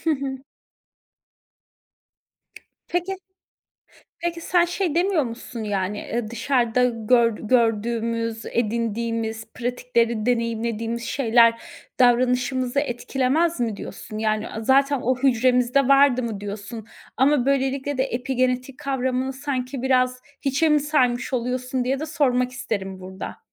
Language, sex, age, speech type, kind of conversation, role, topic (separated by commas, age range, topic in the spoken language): Turkish, female, 30-34, conversation, podcast, host, Aile hikâyeleri kimliğini nasıl besliyor, birini anlatır mısın?